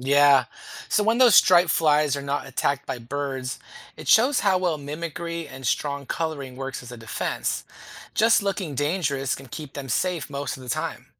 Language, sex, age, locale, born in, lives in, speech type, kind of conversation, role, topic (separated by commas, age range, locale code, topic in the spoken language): English, male, 40-44, en-US, United States, United States, read, expert-assistant, expert, Why do some insects have bright colors to warn predators?